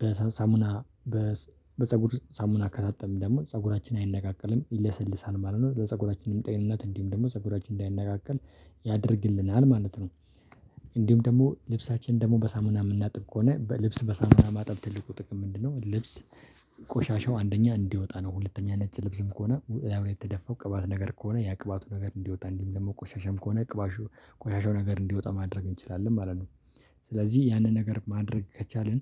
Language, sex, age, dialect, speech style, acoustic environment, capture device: Amharic, male, 25-34, Ethiopia - Addis Ababa, happy, silence, mobile / Linux / Chrome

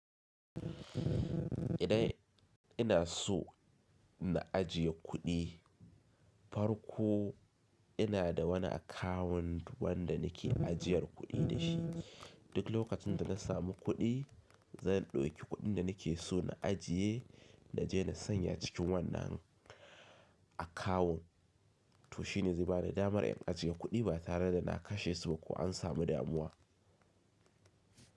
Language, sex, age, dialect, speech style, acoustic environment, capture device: Hausa, male, 25-34, Nigeria - Kano, happy, silence, mobile / Linux / Chrome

